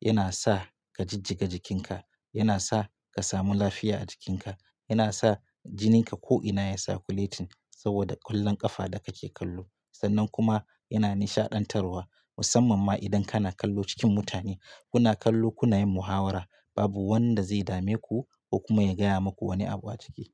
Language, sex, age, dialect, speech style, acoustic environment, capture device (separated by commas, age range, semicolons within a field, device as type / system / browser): Hausa, male, 25-34, Nigeria - Katsina, relaxed, silence, mobile / Linux / Chrome